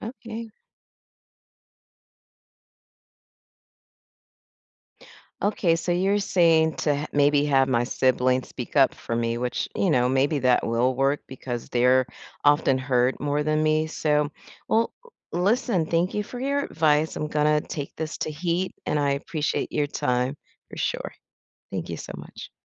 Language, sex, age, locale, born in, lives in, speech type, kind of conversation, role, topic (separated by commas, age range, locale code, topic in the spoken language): English, female, 50-54, en-US, United States, United States, conversation, advice, user, How can I make my family listen to me during discussions?